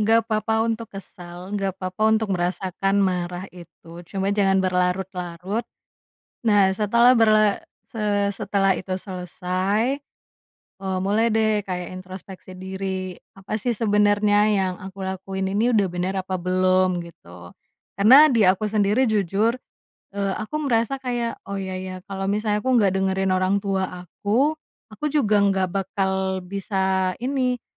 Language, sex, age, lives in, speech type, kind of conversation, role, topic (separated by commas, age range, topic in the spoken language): Indonesian, female, 25-29, Indonesia, conversation, podcast, guest, Bagaimana cara menyelesaikan konflik keluarga tanpa membuat suasana menjadi tegang?